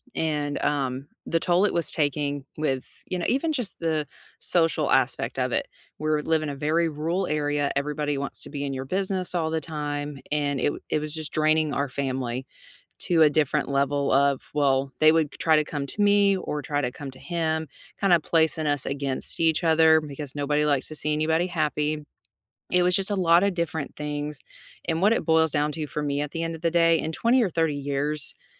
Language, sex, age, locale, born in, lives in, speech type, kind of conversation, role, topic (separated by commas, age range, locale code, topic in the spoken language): English, female, 35-39, en-US, United States, United States, conversation, unstructured, none, What is a small risk you took that paid off?